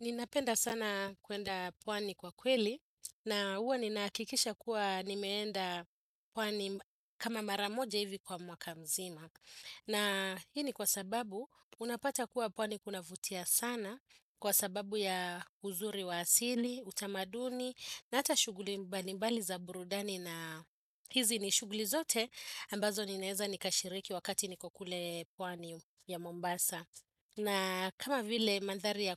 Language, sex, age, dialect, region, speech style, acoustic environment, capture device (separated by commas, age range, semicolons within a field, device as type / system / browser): Swahili, female, 35-44, Kenya - Nairobi Sheng-influenced, Kenya, happy; focused; relaxed, appliances, desktop / Windows / Chrome